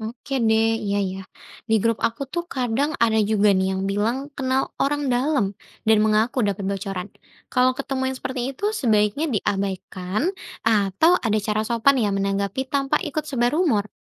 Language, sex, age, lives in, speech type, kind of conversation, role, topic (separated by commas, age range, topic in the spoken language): Indonesian, female, 20-24, Indonesia, read, expert-assistant, asker, Apa strategi terbaik untuk mengecek apakah berita soal perubahan aturan PNS benar atau hanya rumor?